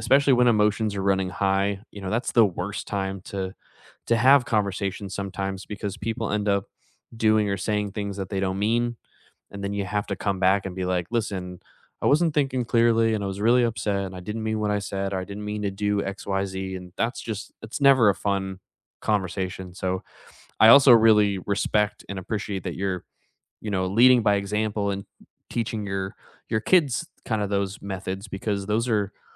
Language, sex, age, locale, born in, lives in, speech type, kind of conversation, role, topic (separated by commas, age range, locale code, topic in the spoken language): English, male, 30-34, en-US, United States, United States, conversation, unstructured, none, When disagreements arise with loved ones, how do you work through them without losing closeness?